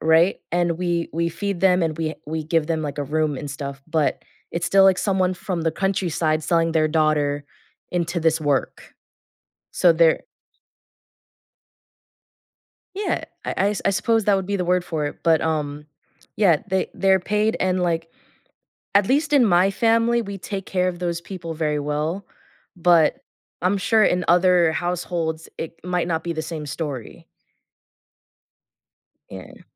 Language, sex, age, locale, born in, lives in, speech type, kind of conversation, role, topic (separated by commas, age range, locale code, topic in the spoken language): English, female, 20-24, en-US, United States, United States, conversation, unstructured, none, How do events from the past continue to shape our feelings and relationships today?